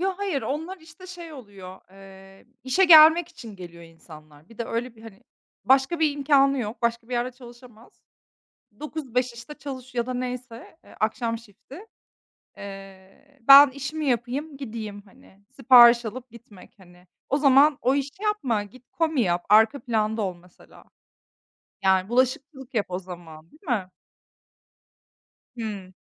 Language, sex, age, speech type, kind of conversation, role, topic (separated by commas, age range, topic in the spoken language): Turkish, female, 30-34, conversation, unstructured, none, Dışarıdan yemek siparişi verirken hangi sorunlarla karşılaştın?